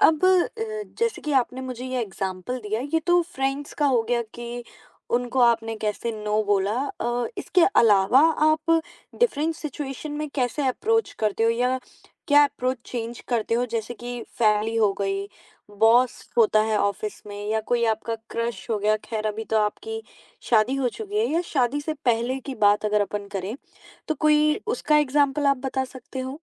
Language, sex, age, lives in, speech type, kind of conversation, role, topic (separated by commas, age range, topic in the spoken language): Hindi, female, 20-24, India, conversation, podcast, host, Kisi ko mana karne ka sabse simple aur respectful tareeka tum kya recommend karoge?